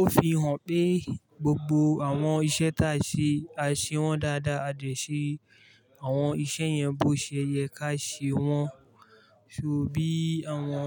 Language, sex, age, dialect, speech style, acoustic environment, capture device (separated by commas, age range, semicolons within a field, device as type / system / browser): Yoruba, male, 25-34, Nigeria - Kano, relaxed, traffic, mobile / Linux / Chrome